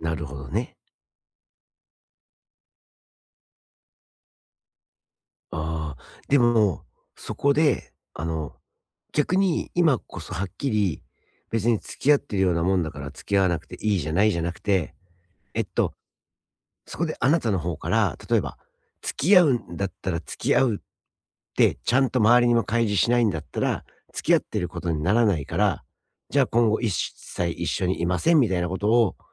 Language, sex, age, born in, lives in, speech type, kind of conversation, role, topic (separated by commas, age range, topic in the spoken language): Japanese, male, 45-49, Japan, United States, conversation, advice, advisor, 職場で信頼をどのように築き、維持することができますか？